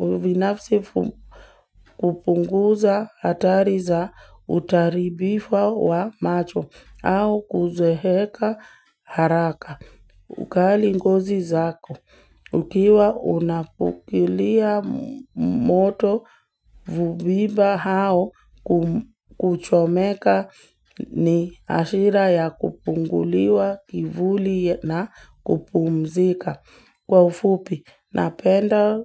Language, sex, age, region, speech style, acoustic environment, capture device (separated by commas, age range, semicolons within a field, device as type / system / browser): Swahili, female, 18-24, Kenya, nervous; focused, music, mobile / Linux / Chrome